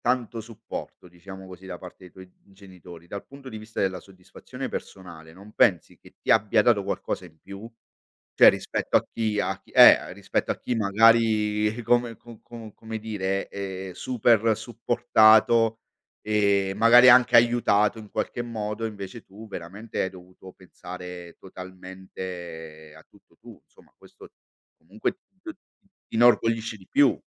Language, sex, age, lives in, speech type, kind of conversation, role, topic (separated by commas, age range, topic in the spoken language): Italian, male, 45-49, Italy, conversation, podcast, host, Che peso ha l’opinione della famiglia nel tuo successo professionale?